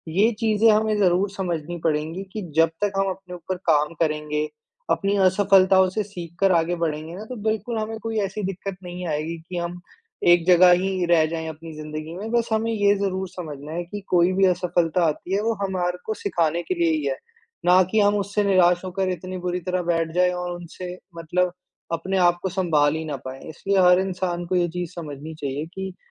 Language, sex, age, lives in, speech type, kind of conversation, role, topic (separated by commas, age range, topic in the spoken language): Hindi, male, 55-59, India, conversation, podcast, guest, सीखते समय असफलता को आप कैसे संभालते हैं?